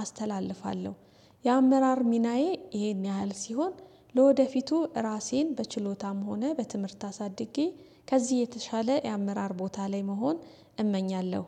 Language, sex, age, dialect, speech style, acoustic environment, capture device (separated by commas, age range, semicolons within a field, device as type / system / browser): Amharic, female, 35-44, Ethiopia - Addis Ababa, relaxed, silence, desktop / Windows / Chrome